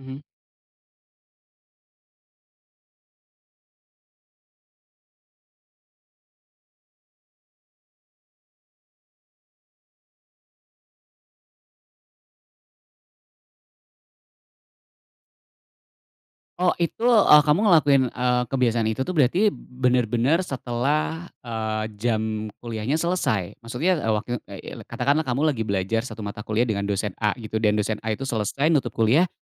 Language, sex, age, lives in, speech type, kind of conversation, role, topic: Indonesian, male, 35-39, Indonesia, conversation, podcast, host, Kebiasaan kecil apa yang bisa membantu proses mengulang pelajaran jadi lebih lancar?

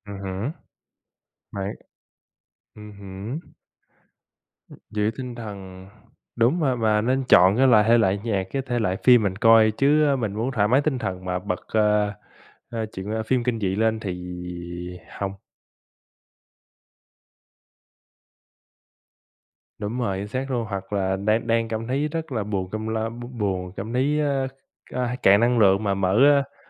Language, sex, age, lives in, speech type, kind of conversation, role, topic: Vietnamese, male, 25-29, United States, conversation, unstructured, none, Bạn thường làm gì để giữ sức khỏe mỗi ngày?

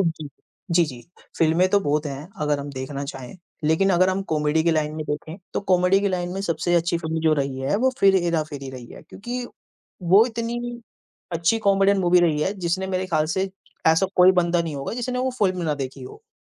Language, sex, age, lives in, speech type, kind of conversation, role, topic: Hindi, male, 20-24, India, conversation, unstructured, none, आप अपनी पसंदीदा फ़िल्में कैसे चुनते हैं और क्यों?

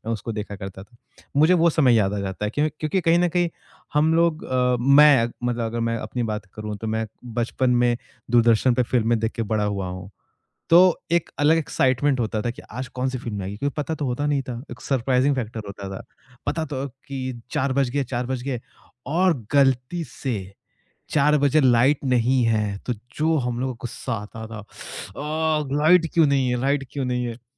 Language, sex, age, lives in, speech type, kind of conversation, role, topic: Hindi, male, 25-29, India, conversation, podcast, guest, Kya koi aisa gaana hai jo tumhe bachpan ki yaad dilaata hai?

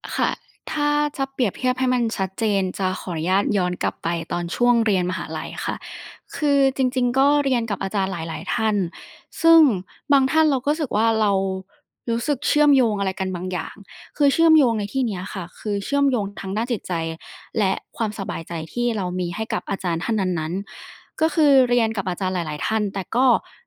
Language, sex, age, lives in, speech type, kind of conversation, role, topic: Thai, female, 20-24, Thailand, conversation, podcast, guest, ครูกับพี่เลี้ยงต่างกันอย่างไรในความเห็นของคุณ?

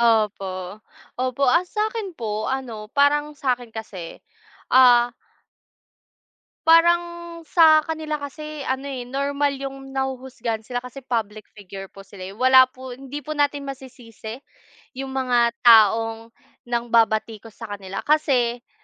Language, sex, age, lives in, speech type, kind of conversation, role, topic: Filipino, female, 25-29, Philippines, conversation, unstructured, none, Ano ang nararamdaman mo kapag may artistang sinisiraan dahil lang sa kanilang personal na buhay?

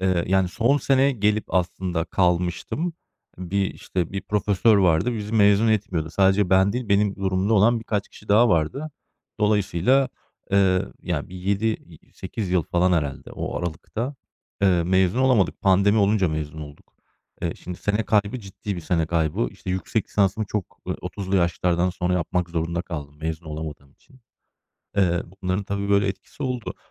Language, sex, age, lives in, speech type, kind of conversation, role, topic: Turkish, male, 35-39, Germany, conversation, podcast, host, Bir hata yapıp bundan ders çıkardığın bir olayı anlatır mısın?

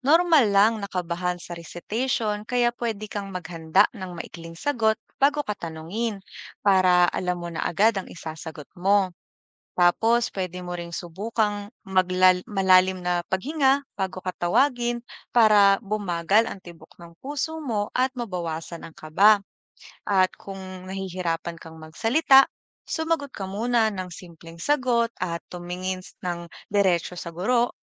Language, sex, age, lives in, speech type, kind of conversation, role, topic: Filipino, female, 25-29, Philippines, read, expert-assistant, expert, Paano nakakaapekto ang pagiging mahiyain sa pakikisalamuha sa iba?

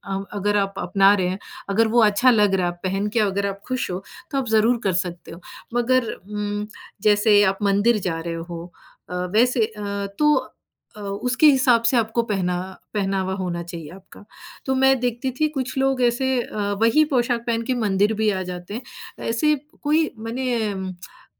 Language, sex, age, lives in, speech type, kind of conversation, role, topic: Hindi, female, 40-44, United States, conversation, podcast, guest, अपनी जड़ों और नई आदतों के बीच आप संतुलन कैसे बनाते हैं?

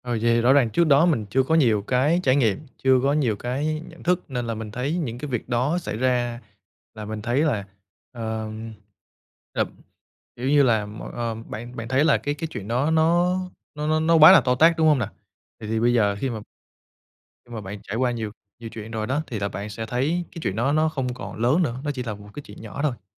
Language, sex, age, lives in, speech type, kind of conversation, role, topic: Vietnamese, male, 25-29, Vietnam, conversation, podcast, host, Theo bạn, làm thế nào để xây dựng một cộng đồng bao dung hơn?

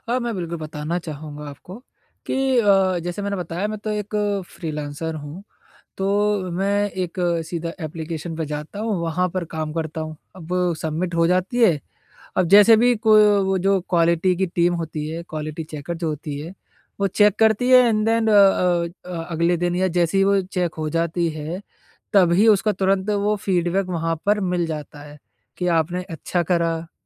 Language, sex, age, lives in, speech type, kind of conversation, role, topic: Hindi, male, 20-24, India, conversation, advice, user, मैं उत्पादकता बनाए रखते हुए प्रतिपुष्टि को कैसे शामिल करूँ?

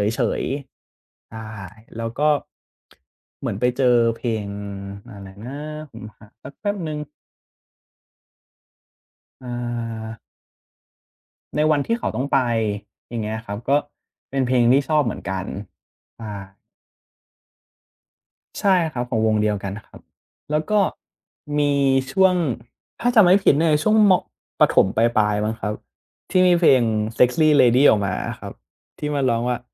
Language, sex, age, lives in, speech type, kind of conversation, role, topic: Thai, male, 20-24, Thailand, conversation, podcast, guest, มีเพลงอะไรที่เชื่อมโยงกับความทรงจำของคุณบ้าง?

